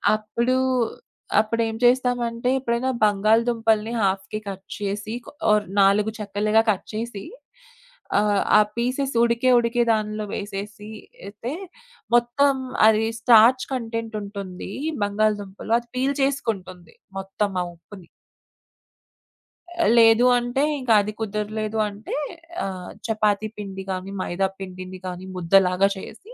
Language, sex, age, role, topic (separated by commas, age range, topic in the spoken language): Telugu, female, 25-29, guest, మీరు వంట చేసి ఎవరికైనా సాంత్వన కలిగించిన ఒక ఉదాహరణను చెప్పగలరా?